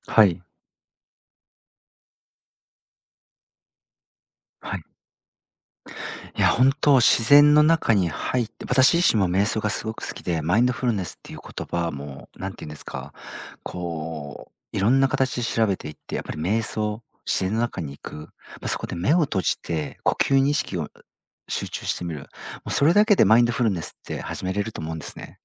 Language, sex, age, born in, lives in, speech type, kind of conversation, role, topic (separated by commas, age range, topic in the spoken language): Japanese, male, 40-44, Japan, Japan, conversation, podcast, guest, 自然の中でマインドフルネスを始めるには、まず何をすればよいですか？